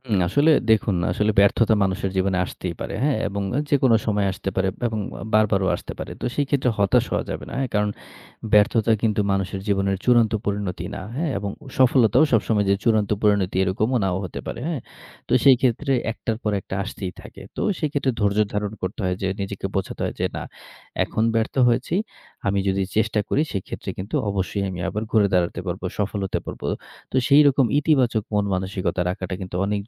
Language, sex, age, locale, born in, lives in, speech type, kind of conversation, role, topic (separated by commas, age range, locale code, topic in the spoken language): Bengali, male, 30-34, bn-BD, Bangladesh, Bangladesh, conversation, podcast, guest, ব্যর্থতা থেকে ঘুরে দাঁড়াতে আপনার কৌশল কী?